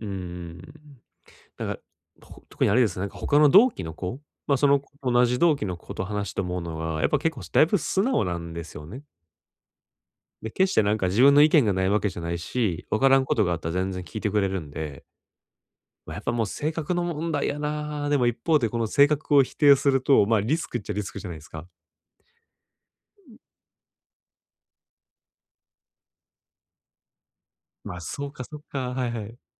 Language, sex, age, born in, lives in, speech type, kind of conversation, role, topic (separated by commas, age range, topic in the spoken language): Japanese, male, 30-34, Japan, Japan, conversation, advice, user, 建設的でない意見をどう受け流せばよいですか？